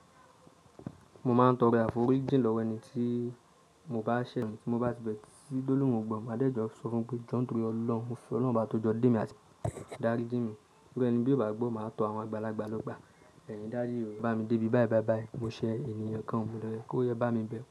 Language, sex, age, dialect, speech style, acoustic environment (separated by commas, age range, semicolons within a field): Yoruba, male, 25-34, Nigeria - Nigerian Standard, relaxed; focused, silence